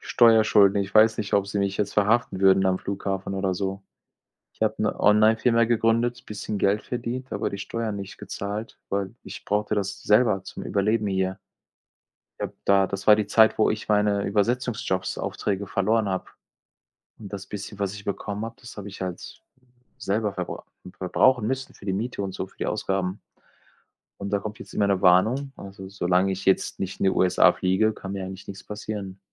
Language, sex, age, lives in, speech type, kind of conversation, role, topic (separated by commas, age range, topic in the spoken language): German, male, 45-49, Germany, conversation, unstructured, none, Welche Träume hast du für deine Zukunft?